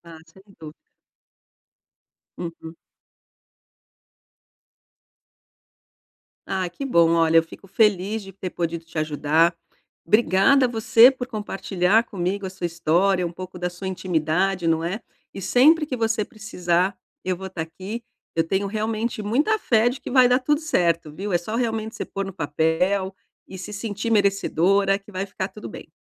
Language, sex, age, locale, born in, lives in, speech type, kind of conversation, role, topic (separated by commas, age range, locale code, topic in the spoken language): Portuguese, female, 50-54, pt-BR, Brazil, Portugal, conversation, advice, advisor, Como posso mudar meus hábitos de consumo sem me sentir culpado?